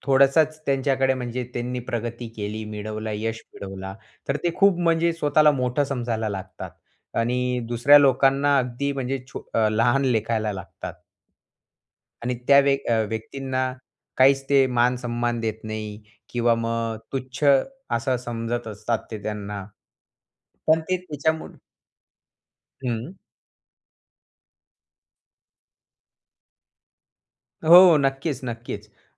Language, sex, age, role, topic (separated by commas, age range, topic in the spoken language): Marathi, male, 20-24, guest, साधेपणातून तुम्हाला जीवनाचा अर्थ सापडतो का—तुमचा अनुभव काय आहे?